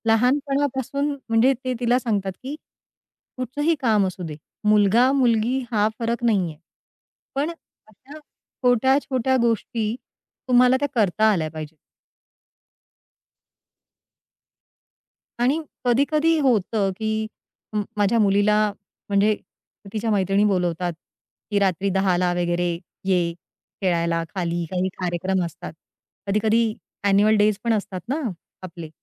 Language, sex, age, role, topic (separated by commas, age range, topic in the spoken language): Marathi, female, 40-44, guest, तुम्ही संध्याकाळची वेळ कुटुंबासाठी कशी आरक्षित ठेवता?